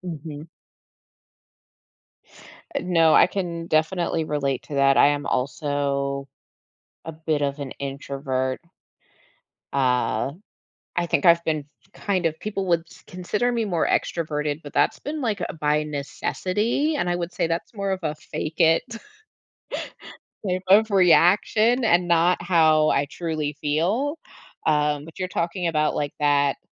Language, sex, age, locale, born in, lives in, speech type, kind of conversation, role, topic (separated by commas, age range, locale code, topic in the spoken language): English, female, 35-39, en-US, United States, United States, conversation, podcast, host, How can you recognize when stress is affecting your mind and body?